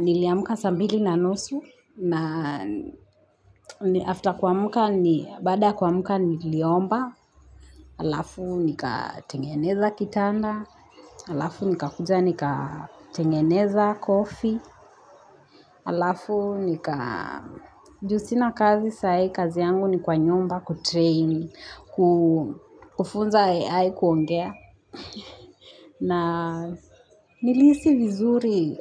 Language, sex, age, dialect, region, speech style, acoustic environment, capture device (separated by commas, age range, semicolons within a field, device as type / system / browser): Swahili, female, 25-34, Kenya - Nairobi Sheng-influenced, Kenya, happy, nature, mobile / Linux / Chrome